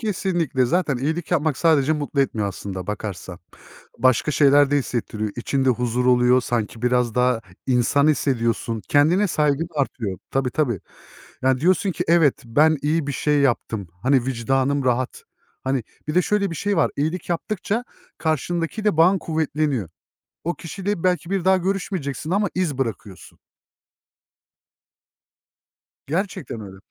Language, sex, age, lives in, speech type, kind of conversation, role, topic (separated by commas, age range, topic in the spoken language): Turkish, male, 30-34, Germany, conversation, unstructured, none, İyilik yapmak seni nasıl mutlu ediyor?